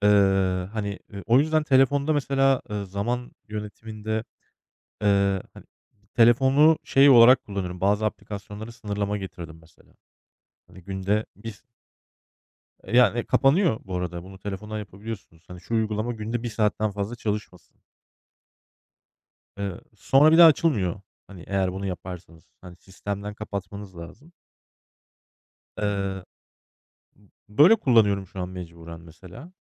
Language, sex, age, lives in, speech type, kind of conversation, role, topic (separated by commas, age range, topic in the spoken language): Turkish, male, 35-39, Germany, conversation, unstructured, none, Zaman yönetimi becerilerinizi nasıl geliştirmek istersiniz?